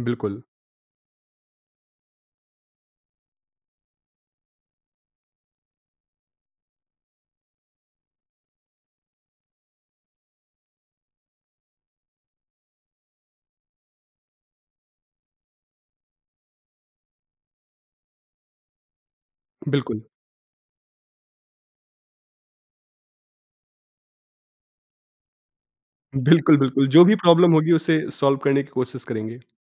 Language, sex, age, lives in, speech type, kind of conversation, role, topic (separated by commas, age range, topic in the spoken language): Hindi, male, 25-29, India, conversation, podcast, host, WhatsApp groups aur neighborhood meetups mein tumhein kya farq dikhta hai?